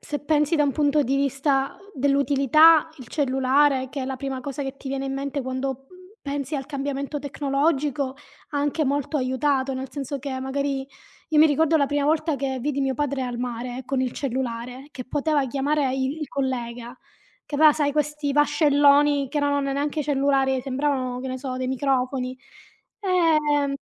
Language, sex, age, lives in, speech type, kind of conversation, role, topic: Italian, female, 35-39, Italy, conversation, unstructured, none, Come pensi che la tecnologia abbia cambiato la vita quotidiana?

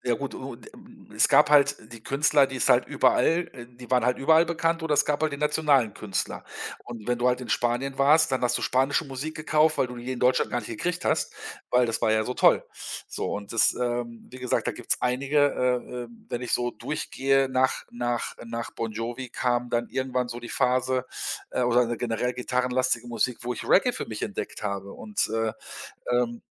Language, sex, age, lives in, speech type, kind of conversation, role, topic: German, male, 50-54, Germany, conversation, podcast, guest, Welches Album hat deinen Musikgeschmack geprägt?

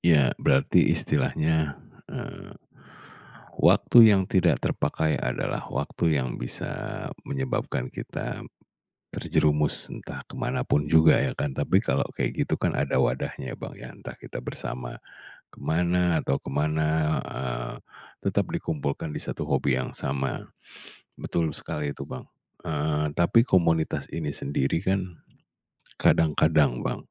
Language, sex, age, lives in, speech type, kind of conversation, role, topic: Indonesian, male, 40-44, Indonesia, conversation, podcast, host, Apa yang membuat orang mau terlibat dalam kegiatan komunitas?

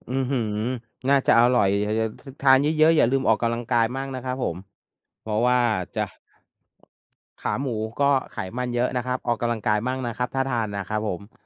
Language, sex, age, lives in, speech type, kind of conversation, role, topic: Thai, male, 45-49, Thailand, conversation, unstructured, none, การเล่นกีฬาได้เปลี่ยนแปลงชีวิตของคุณอย่างไรบ้าง?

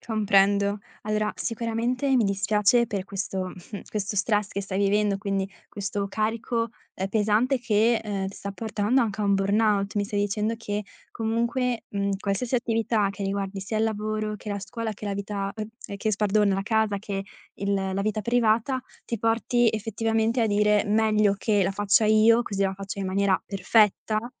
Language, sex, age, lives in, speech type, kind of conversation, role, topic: Italian, female, 20-24, Italy, conversation, advice, advisor, Come posso superare la difficoltà a delegare per raggiungere i miei obiettivi?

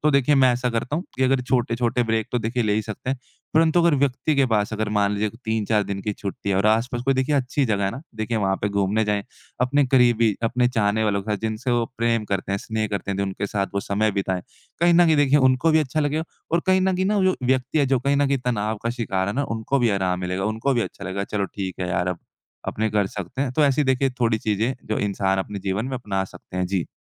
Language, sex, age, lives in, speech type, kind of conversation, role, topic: Hindi, male, 20-24, India, conversation, podcast, guest, तनाव कम करने के लिए घर पर आप क्या करते हैं?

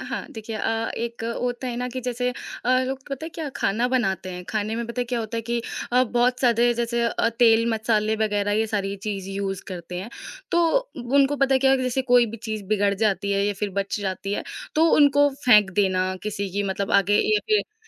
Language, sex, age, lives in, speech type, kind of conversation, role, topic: Hindi, female, 20-24, India, conversation, podcast, guest, Aapke hisab se festivals ko eco-friendly kaise mana sakte hain?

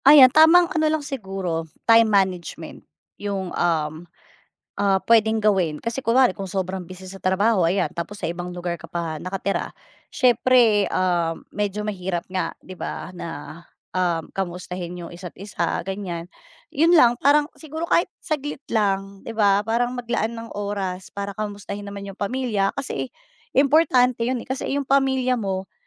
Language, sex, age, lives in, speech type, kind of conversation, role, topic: Filipino, female, 35-39, Philippines, conversation, podcast, guest, Paano ninyo napapanatili ang bukas na komunikasyon sa pamilyang abala?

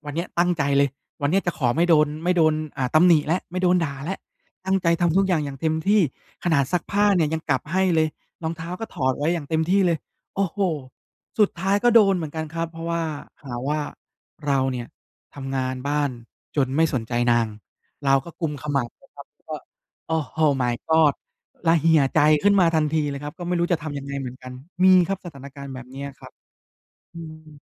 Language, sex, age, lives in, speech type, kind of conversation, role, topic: Thai, male, 30-34, Thailand, conversation, advice, user, คุณจะรับมือกับการสื่อสารแบบก้าวร้าวจากคนใกล้ชิดอย่างไร?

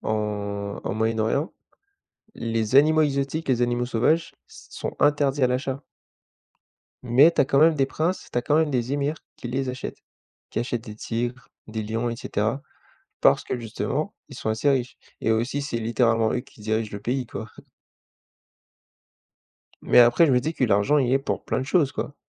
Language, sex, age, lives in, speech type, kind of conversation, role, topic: French, male, 20-24, France, conversation, unstructured, none, L’argent contrôle-t-il trop nos vies aujourd’hui ?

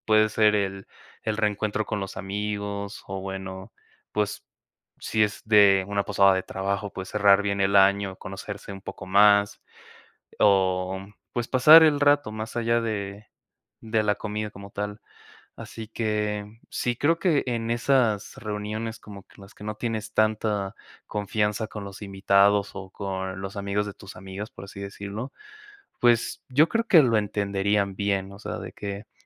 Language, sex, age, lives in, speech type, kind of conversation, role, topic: Spanish, male, 20-24, Mexico, conversation, advice, advisor, ¿Cómo puedo mantener mis hábitos saludables durante cenas sociales y eventos con amigos?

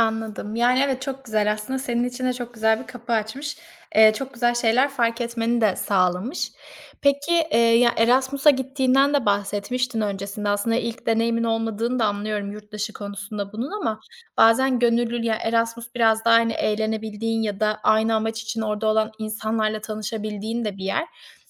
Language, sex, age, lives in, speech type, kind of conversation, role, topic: Turkish, female, 25-29, Italy, conversation, podcast, host, Bir anlık bir kararla hayatının rotası değişti mi; buna bir örnek verebilir misin?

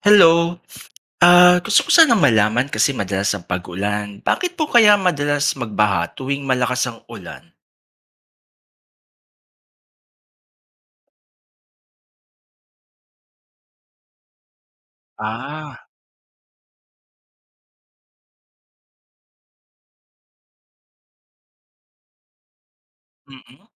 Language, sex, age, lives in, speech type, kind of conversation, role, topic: Filipino, male, 40-44, Philippines, read, expert-assistant, asker, Ano ang dahilan kung bakit nagkakaroon ng baha tuwing malakas ang ulan?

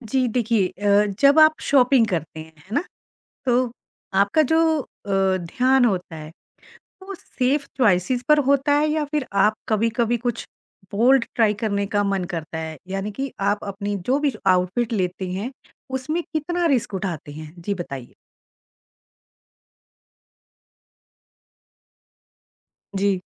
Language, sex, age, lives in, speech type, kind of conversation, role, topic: Hindi, female, 45-49, India, conversation, podcast, host, Tum apne outfits mein kitna risk lete ho, batao na?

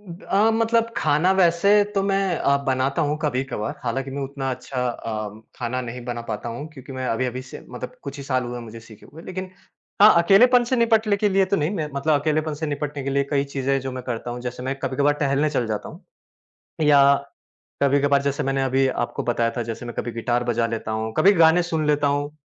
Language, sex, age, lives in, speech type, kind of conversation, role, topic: Hindi, male, 30-34, India, conversation, podcast, guest, आप अकेलेपन से निपटने के लिए क्या करते हैं?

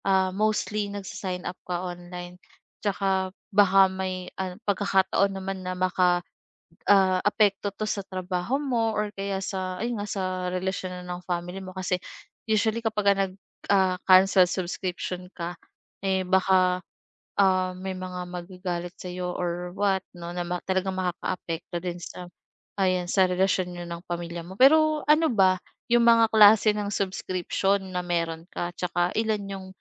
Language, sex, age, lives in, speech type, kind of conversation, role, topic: Filipino, female, 25-29, Philippines, conversation, advice, advisor, Paano ko mababawasan o mapapahinto ang mga serbisyo na patuloy kong binabayaran kahit hindi ko na ginagamit?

